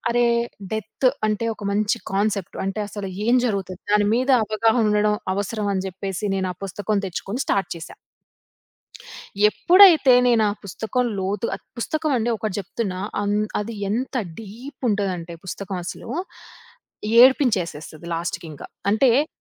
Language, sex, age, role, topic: Telugu, female, 25-29, guest, ఒక పుస్తకం నీకు నేర్పిన జీవిత పాఠం ఏమిటి?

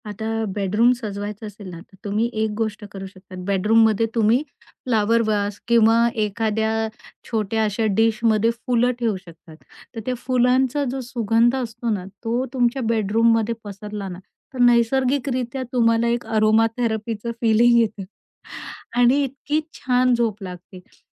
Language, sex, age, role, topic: Marathi, female, 45-49, guest, आरामदायी बेडरूम कसे सजवाल?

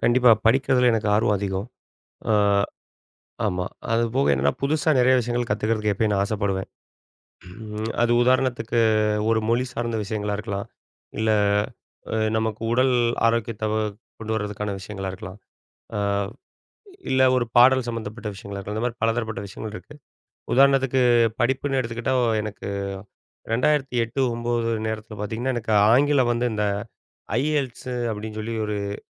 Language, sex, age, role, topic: Tamil, male, 40-44, guest, தன்னிச்சையாகக் கற்றுக்கொண்ட போது உங்களுக்கு உத்வேகம் எங்கிருந்து வந்தது?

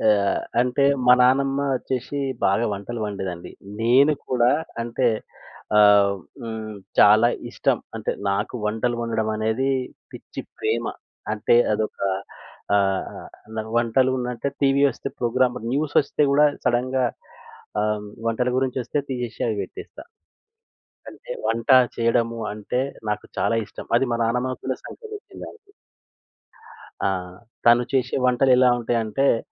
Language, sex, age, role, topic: Telugu, male, 40-44, guest, మీ చిన్నప్పటి ఇల్లు గురించి ఒక చక్కటి జ్ఞాపకం చెప్పగలరా?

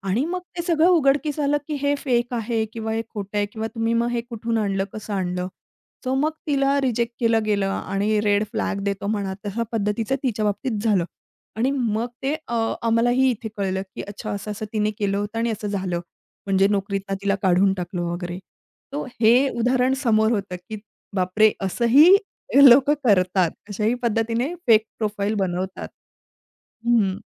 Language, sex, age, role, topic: Marathi, female, 30-34, guest, प्रोफाइलवर प्रामाणिकपणा ठेवणं किती महत्त्वाचं आहे?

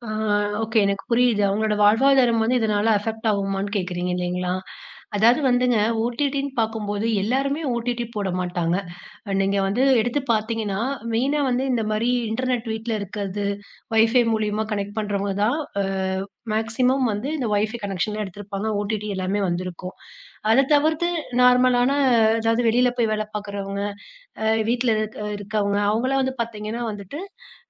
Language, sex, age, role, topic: Tamil, female, 30-34, guest, OTT சேனல்கள் டிவி பார்க்கும் வழிமுறையை எப்படி மாற்றிட்டது?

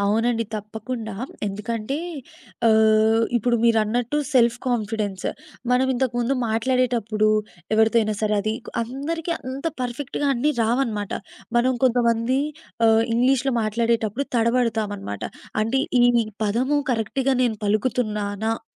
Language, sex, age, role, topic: Telugu, female, 20-24, guest, కొత్త హాబీ నేర్చుకోవడం వల్ల మీ జీవితం ఎలా మారింది?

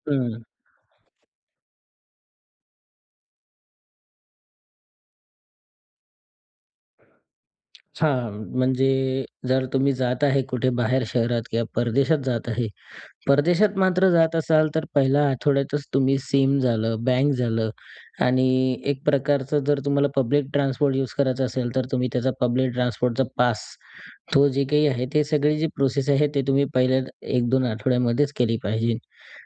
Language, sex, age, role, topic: Marathi, male, 20-24, guest, परदेशात किंवा नवीन शहरात राहायला गेल्यावर तुझ्या आयुष्यात काय बदल झाले?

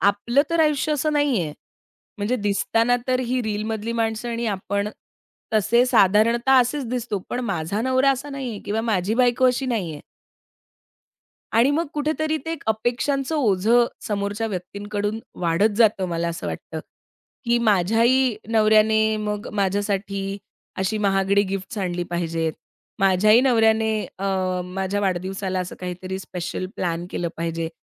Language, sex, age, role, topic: Marathi, female, 30-34, guest, सोशल मीडियावरील ट्रेंड समाजाची मनोवृत्ती कशी घडवतात?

